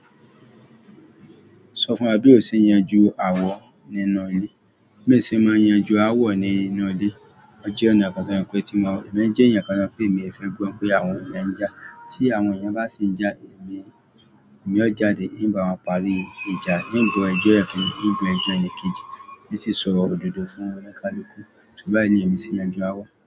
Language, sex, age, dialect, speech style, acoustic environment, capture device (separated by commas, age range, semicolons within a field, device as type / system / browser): Yoruba, male, 35-44, Nigeria - Lagos Yoruba, happy; anxious; excited, people talking; music; traffic, mobile / Android / Chrome